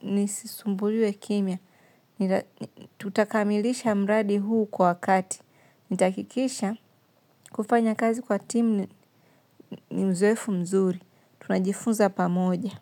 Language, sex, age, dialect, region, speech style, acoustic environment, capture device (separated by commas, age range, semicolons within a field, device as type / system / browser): Swahili, female, 25-34, Tanzania - Dar es Salaam, Tanzania, relaxed, silence, mobile / Linux / Chrome